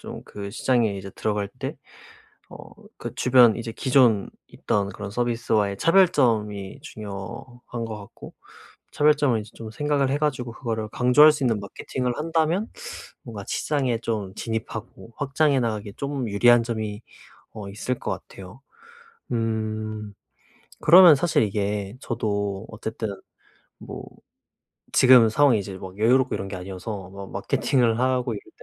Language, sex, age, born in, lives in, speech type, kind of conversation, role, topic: Korean, male, 25-29, South Korea, South Korea, conversation, advice, user, 내 아이디어가 시장에서 통할지 어떻게 확인할 수 있나요?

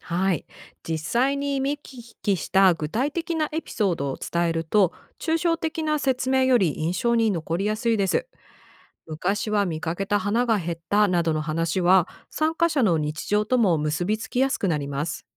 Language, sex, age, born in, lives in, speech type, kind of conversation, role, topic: Japanese, female, 45-49, Japan, United States, read, expert-assistant, expert, もし森や川を守るプロジェクトを始めるなら、どんな順番で準備を進めるのが良いでしょうか？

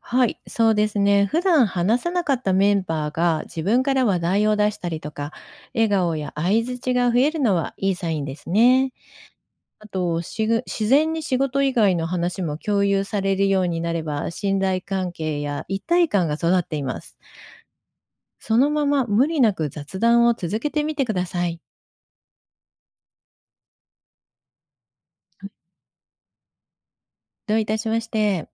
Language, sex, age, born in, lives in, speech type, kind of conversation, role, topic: Japanese, female, 55-59, Japan, Japan, read, expert-assistant, expert, 職場でいろいろな文化背景を持つ人と協力するとき、大切にしたい整理や優先順位のつけ方は何ですか？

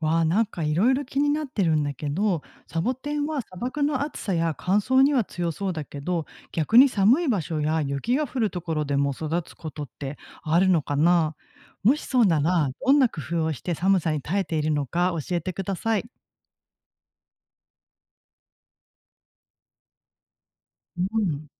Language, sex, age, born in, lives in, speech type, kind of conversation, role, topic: Japanese, female, 40-44, Japan, Japan, read, expert-assistant, asker, どうしてサボテンは乾燥した場所でも生きられるのでしょうか？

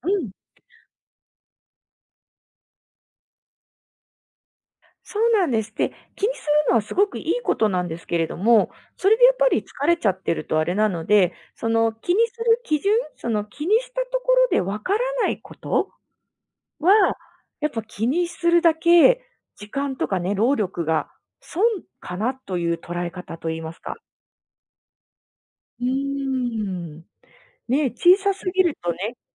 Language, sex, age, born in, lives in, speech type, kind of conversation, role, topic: Japanese, female, 35-39, Japan, Japan, conversation, advice, advisor, 過度な心配で日常生活が妨げられるとき、どう対処すればいいですか？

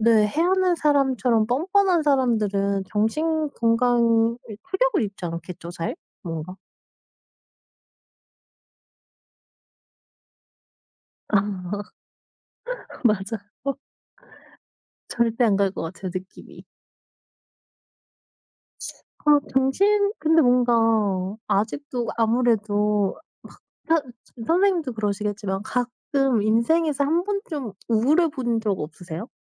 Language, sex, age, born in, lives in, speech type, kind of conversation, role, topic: Korean, female, 35-39, South Korea, South Korea, conversation, unstructured, none, 왜 정신 건강 치료를 받는 것을 부끄러워할까요?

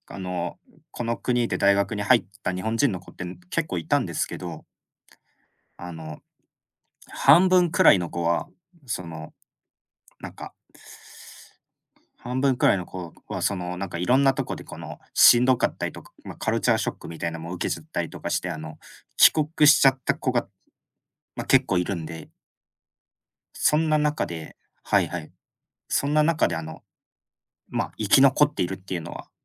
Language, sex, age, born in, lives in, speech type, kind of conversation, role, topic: Japanese, male, 25-29, Japan, Japan, conversation, unstructured, none, ご自身の好きなところを一つ教えてください。？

